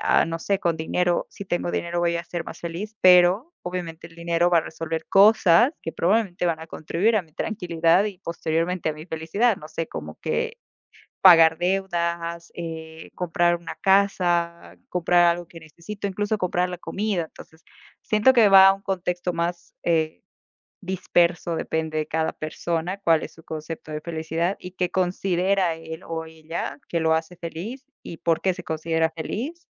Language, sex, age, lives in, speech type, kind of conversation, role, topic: Spanish, female, 25-29, United States, conversation, unstructured, none, ¿Cómo defines la felicidad en tu vida cotidiana?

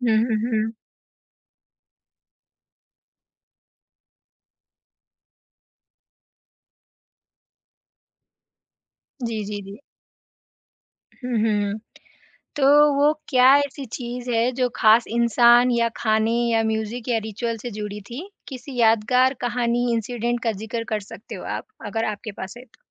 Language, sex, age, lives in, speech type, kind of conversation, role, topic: Hindi, female, 20-24, India, conversation, podcast, host, Local festivals mein tumhe sabse khaas cheez kya lagi?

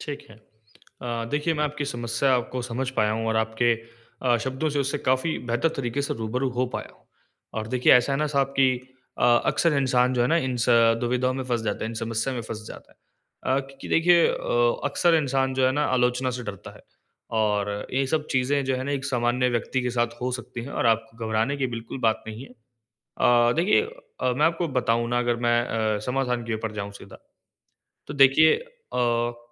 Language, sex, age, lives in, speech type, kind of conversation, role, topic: Hindi, male, 20-24, India, conversation, advice, advisor, समूह में आलोचना या विरोध का सामना होने पर क्या करना चाहिए?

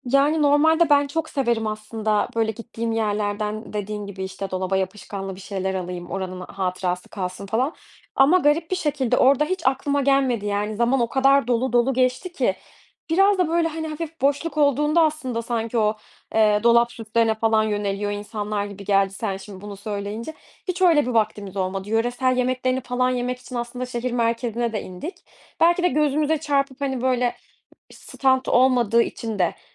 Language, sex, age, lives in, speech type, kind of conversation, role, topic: Turkish, female, 25-29, Germany, conversation, podcast, guest, Ailenle yaşadığın unutulmaz bir anıyı paylaşır mısın?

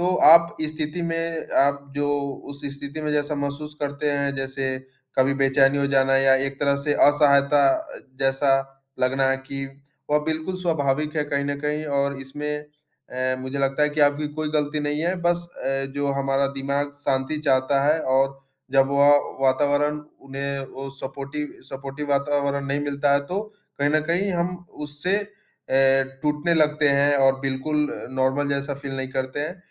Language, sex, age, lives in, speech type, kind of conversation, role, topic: Hindi, male, 20-24, India, conversation, advice, advisor, क्या आप शोर-शराबे या ध्यान भटकाने वाली चीज़ों की वजह से ध्यान केंद्रित नहीं कर पा रहे हैं?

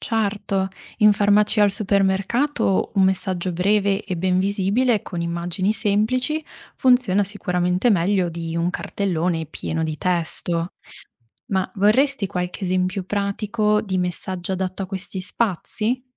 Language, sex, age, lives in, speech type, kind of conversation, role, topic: Italian, female, 25-29, Italy, read, expert-assistant, expert, Cosa significa, secondo te, essere responsabili verso la salute degli altri nella vita di tutti i giorni? È sempre facile?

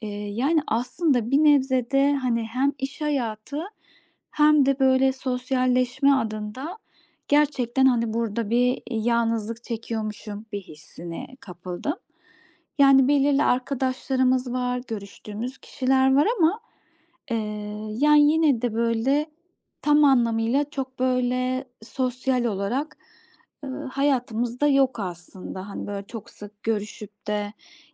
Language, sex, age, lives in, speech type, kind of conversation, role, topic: Turkish, female, 35-39, Austria, conversation, advice, user, Hayatımın genel anlamını ve yönünü nasıl bulabilirim?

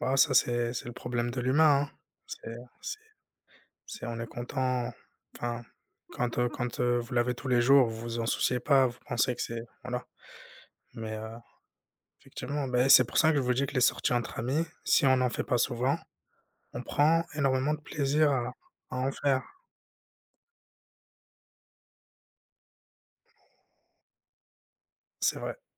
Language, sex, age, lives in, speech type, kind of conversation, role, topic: French, male, 30-34, France, conversation, unstructured, none, Comment choisissez-vous entre passer une soirée tranquille à la maison et sortir avec des amis ?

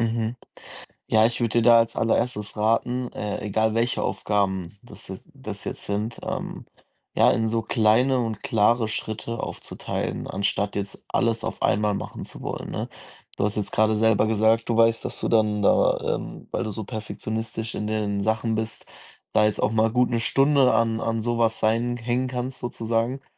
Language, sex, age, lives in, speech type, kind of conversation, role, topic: German, male, 20-24, Germany, conversation, advice, advisor, Wie hindert dich Perfektionismus daran, Aufgaben abzuschließen?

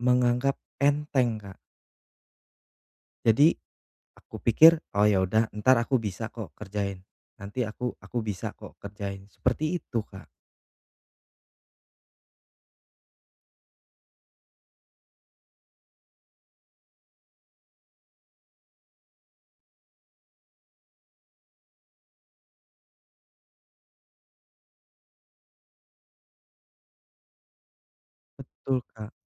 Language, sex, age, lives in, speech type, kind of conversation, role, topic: Indonesian, male, 35-39, Indonesia, conversation, advice, user, Mengapa Anda sering menunda pekerjaan sampai mendekati tenggat waktu?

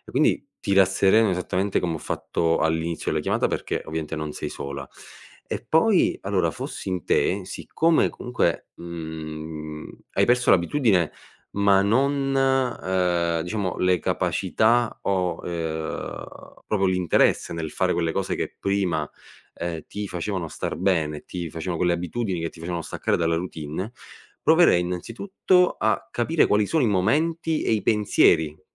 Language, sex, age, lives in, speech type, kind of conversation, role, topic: Italian, male, 25-29, Italy, conversation, advice, advisor, Perché durante i periodi di stress abbandoni le tue buone abitudini?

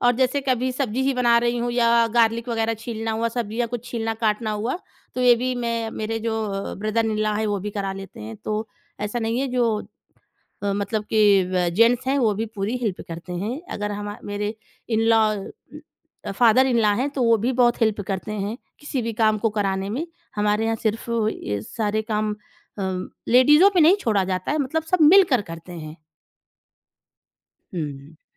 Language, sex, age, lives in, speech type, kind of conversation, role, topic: Hindi, female, 30-34, India, conversation, podcast, guest, Parivaar ke saath khana share karte waqt tumhara sabse pasandida pal kaunsa hota hai?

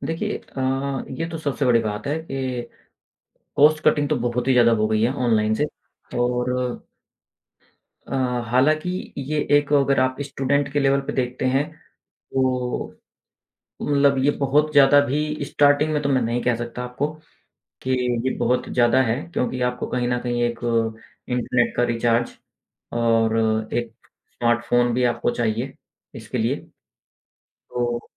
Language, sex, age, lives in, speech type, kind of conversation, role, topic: Hindi, male, 35-39, India, conversation, podcast, guest, Aapko online kurs aur classroom mein se kya zyada behtar laga?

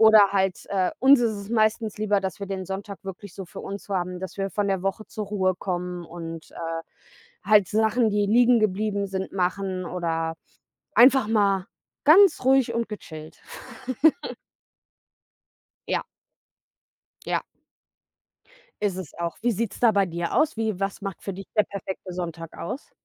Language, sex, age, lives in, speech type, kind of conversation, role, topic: German, female, 30-34, Germany, conversation, unstructured, none, Was macht für dich einen perfekten Sonntag aus?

